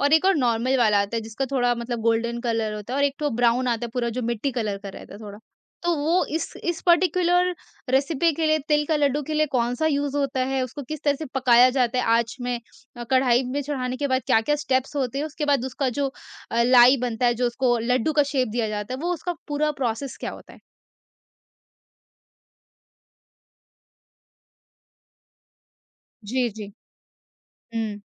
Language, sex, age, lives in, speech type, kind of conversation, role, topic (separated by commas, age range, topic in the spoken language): Hindi, female, 45-49, India, conversation, podcast, host, Tumhare ghar ki peedhi-dar-peedhi chali aa rahi sabse pasandida recipe kaunsi hai?